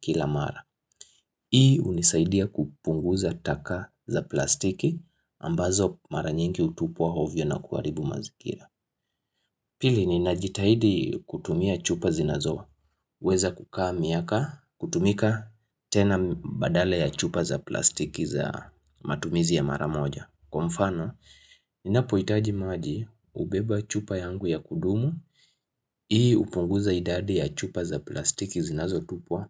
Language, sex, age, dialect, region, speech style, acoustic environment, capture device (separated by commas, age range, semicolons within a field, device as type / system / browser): Swahili, male, 25-34, Kenya - Nairobi Sheng-influenced, Kenya, happy; focused; excited; relaxed, people talking, mobile / Linux / Chrome